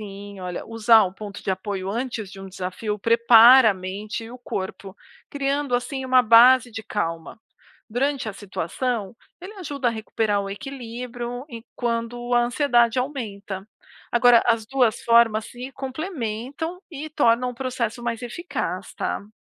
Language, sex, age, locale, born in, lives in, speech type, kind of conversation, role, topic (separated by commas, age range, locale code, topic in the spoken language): Portuguese, female, 40-44, pt-BR, Brazil, United States, read, expert-assistant, expert, Quais hábitos produtivos posso aprender ao comparar rotinas espirituais de religiões como o budismo, islamismo e cristianismo?